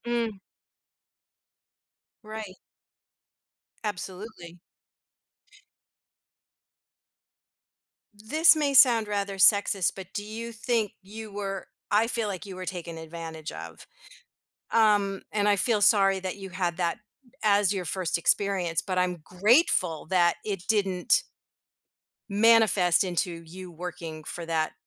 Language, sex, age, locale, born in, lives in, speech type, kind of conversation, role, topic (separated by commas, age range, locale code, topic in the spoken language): English, female, 55-59, en-US, United States, United States, conversation, podcast, host, What helps someone succeed and feel confident when starting their first job?